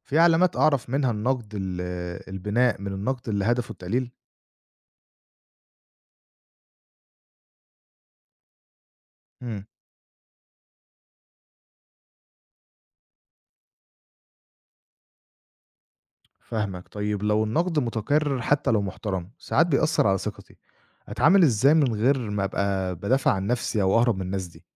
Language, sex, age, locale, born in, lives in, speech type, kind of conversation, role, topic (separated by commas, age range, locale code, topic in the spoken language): Arabic, male, 25-29, ar-EG, Egypt, Egypt, read, expert-assistant, asker, إزاي أقدر أتعامل مع الضغط الاجتماعي وتأثير رأي الناس على قراراتي؟